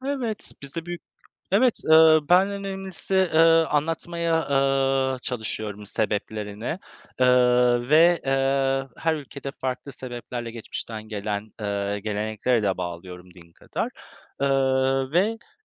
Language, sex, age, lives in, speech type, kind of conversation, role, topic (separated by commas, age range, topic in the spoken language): Turkish, male, 35-39, Poland, conversation, unstructured, none, Bayramlar sizin için ne ifade ediyor?